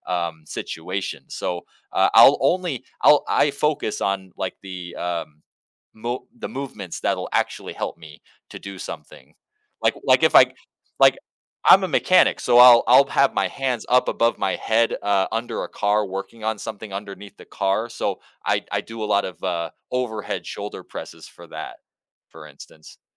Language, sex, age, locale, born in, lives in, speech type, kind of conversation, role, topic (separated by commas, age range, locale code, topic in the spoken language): English, male, 30-34, en-US, United States, United States, conversation, podcast, guest, What helps you keep up a consistent exercise routine over time?